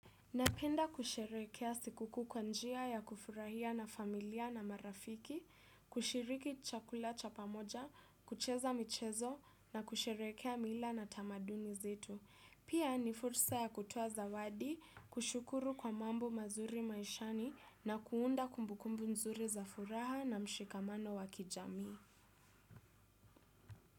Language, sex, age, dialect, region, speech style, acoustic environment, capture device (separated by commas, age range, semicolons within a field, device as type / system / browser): Swahili, female, 18-24, Kenya - Nairobi Sheng-influenced, Kenya, focused, silence, desktop / Windows / Chrome